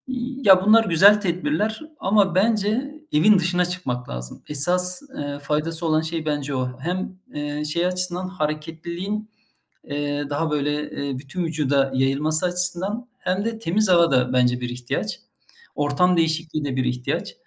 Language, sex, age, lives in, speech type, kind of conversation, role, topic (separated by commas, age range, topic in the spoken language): Turkish, male, 45-49, Germany, conversation, unstructured, none, Hareketsiz kalmak sizin için ne kadar ciddi bir sağlık tehdidi oluşturuyor?